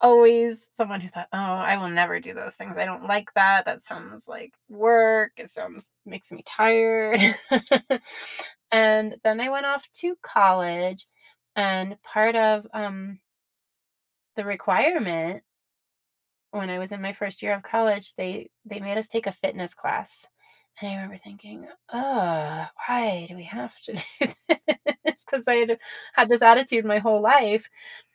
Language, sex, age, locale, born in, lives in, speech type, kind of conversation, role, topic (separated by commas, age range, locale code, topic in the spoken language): English, female, 50-54, en-US, United States, United States, conversation, unstructured, none, Have you ever reached a fitness goal that you didn’t think was possible?